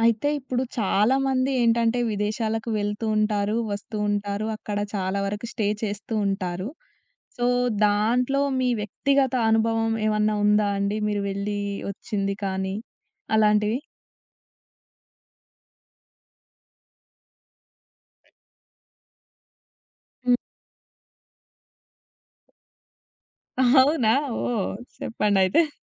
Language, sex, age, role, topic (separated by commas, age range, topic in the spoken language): Telugu, female, 20-24, host, విదేశానికి వెళ్లిన అనుభవం మీ జీవితాన్ని ఎలా మార్చింది?